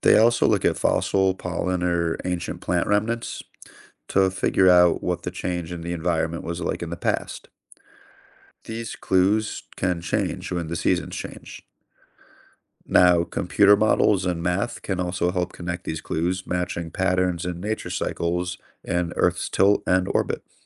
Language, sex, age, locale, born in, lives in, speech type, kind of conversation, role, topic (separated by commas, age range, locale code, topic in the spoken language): English, male, 30-34, en-US, United States, United States, read, expert-assistant, expert, What causes the seasons to change?